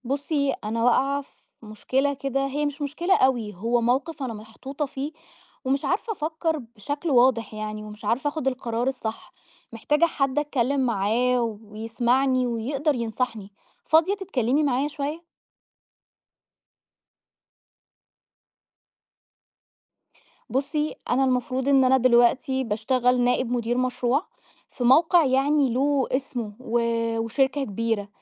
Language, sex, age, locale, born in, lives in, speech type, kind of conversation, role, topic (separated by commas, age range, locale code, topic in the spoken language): Arabic, female, 30-34, ar-EG, Egypt, Egypt, conversation, advice, user, إزاي أتعامل مع الضغط إني أقبل ترقية أو شغل أحسن رغم القلق والإرهاق من الشغل؟